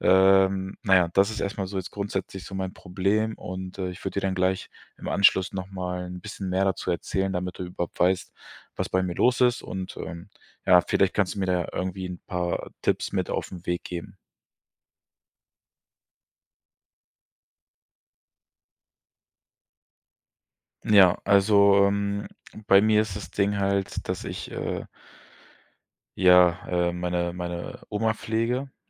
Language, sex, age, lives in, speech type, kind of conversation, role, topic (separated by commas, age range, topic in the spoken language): German, male, 25-29, Germany, conversation, advice, user, Wie kann ich bei langen Aufgaben meine Motivation und Energie aufrechterhalten?